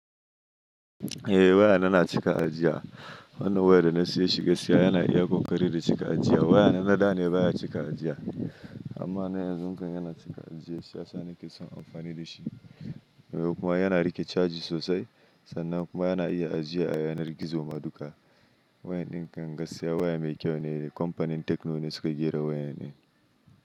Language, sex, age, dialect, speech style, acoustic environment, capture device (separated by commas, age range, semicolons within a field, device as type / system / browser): Hausa, male, 25-34, Nigeria - Nigerian Standard, relaxed; happy, silence; nature, mobile / Linux / Chrome